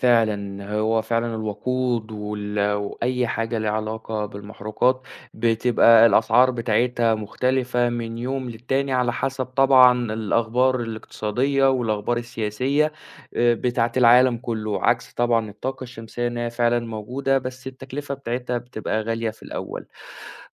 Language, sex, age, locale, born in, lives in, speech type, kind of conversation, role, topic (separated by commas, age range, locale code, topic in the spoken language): Arabic, male, 20-24, ar-EG, Saudi Arabia, Egypt, conversation, podcast, host, إيه رأيك في تغيّر المناخ وإزاي بيأثر علينا؟